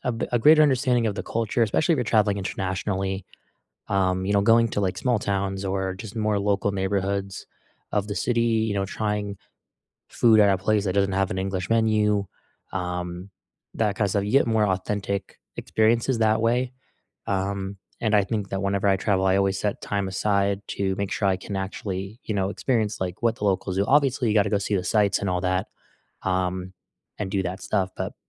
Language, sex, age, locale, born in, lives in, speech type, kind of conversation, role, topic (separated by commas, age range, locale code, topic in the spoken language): English, male, 20-24, en-US, United States, United States, conversation, unstructured, none, What travel rituals or habits do you always keep?